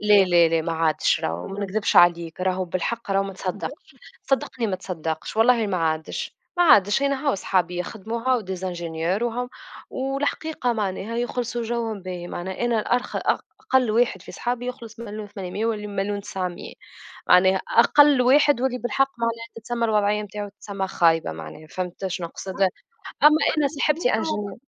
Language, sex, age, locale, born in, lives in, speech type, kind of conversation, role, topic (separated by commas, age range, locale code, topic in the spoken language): Arabic, female, 25-29, ar-TN, Tunisia, Germany, conversation, unstructured, none, إنت شايف إن الشغل بيأثر على صحتك النفسية؟